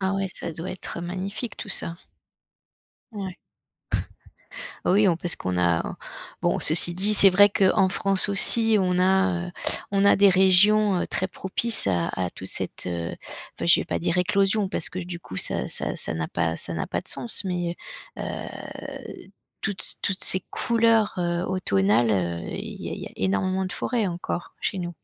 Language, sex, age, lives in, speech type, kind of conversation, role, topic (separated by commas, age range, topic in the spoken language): French, female, 50-54, France, conversation, podcast, host, Qu’est-ce qui provoque le changement de couleur des feuilles en automne ?